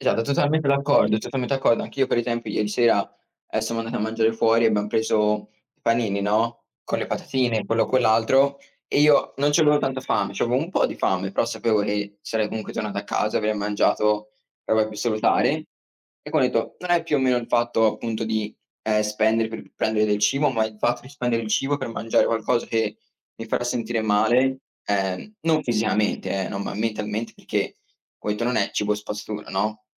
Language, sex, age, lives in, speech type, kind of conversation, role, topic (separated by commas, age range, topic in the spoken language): Italian, male, 20-24, United States, conversation, unstructured, none, Qual è la tua opinione sul cibo spazzatura nelle scuole?